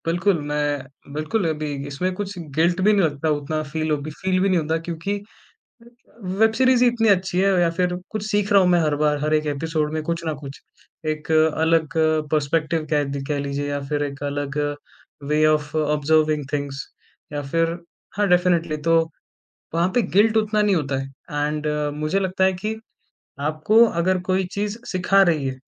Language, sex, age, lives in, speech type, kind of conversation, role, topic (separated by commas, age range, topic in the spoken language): Hindi, male, 20-24, India, conversation, podcast, guest, Koi TV show jo aapne recently binge kiya, kyun pasand aaya?